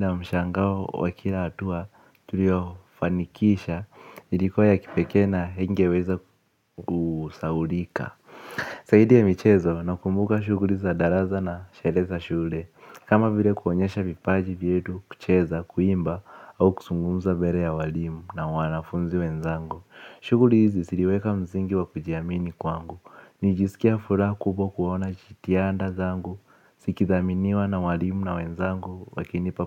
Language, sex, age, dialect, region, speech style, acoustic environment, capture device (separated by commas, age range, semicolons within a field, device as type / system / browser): Swahili, male, 18-24, Kenya - Nairobi Sheng-influenced, Kenya, focused; relaxed, nature, mobile / Linux / Chrome